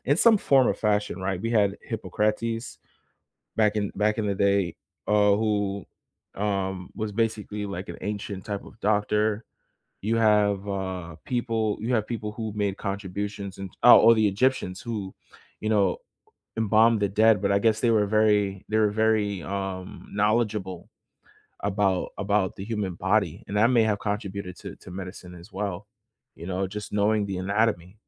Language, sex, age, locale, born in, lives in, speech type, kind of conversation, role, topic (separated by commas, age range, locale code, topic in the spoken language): English, male, 25-29, en-US, United States, United States, conversation, unstructured, none, What do you think is the most important invention in history?